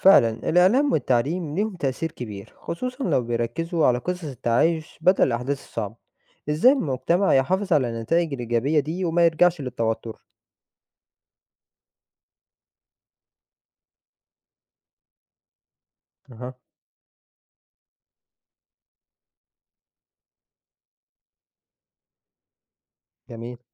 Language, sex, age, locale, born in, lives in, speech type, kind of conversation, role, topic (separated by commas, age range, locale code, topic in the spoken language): Arabic, male, 20-24, ar-EG, Egypt, Egypt, read, expert-assistant, asker, فيه دول كتير عندها مناطق متنازع عليها أو حدود مش واضحة، زي إيه أشهر الأمثلة وليه لسه مفيش حل نهائي للمشاكل دي؟